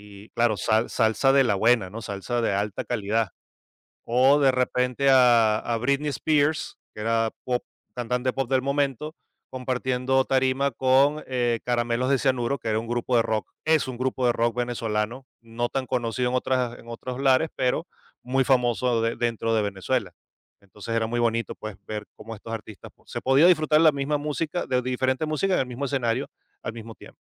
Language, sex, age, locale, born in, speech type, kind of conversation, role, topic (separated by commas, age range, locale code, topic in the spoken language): Spanish, male, 45-49, es-VE, Venezuela, conversation, podcast, guest, ¿Qué papel juegan los festivales en el descubrimiento de música nueva?